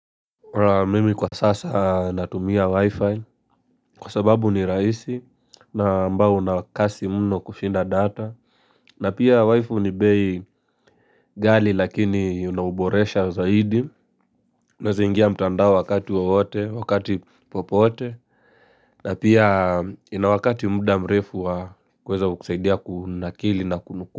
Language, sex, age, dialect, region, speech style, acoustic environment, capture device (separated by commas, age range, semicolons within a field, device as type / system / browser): Swahili, male, 18-24, Kenya - Nairobi Sheng-influenced, Kenya, relaxed, silence, mobile / Linux / Chrome